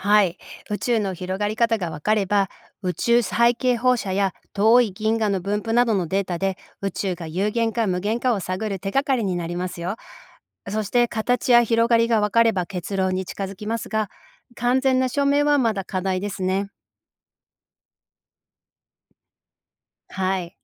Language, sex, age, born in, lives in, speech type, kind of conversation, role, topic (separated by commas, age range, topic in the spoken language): Japanese, female, 45-49, Japan, United States, read, expert-assistant, expert, 未来の望遠鏡ができたら、恒星についてどんな新しい発見が期待できると思いますか？